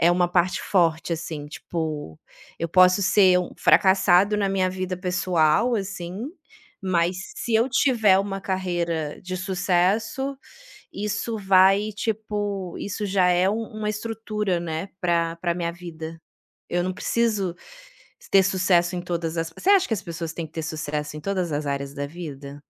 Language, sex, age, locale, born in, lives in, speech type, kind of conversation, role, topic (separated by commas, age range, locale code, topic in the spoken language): Portuguese, female, 35-39, pt-BR, Brazil, Italy, conversation, podcast, host, Como você vê o sucesso em diferentes fases da vida?